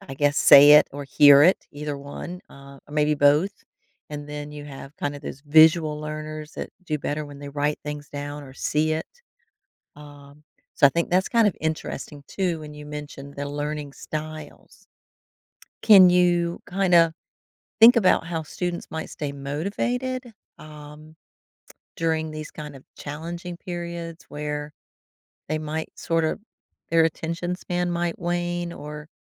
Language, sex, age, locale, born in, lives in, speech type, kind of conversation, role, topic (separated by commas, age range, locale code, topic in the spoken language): English, female, 55-59, en-US, United States, United States, conversation, podcast, host, What strategies help students build effective and lasting study routines?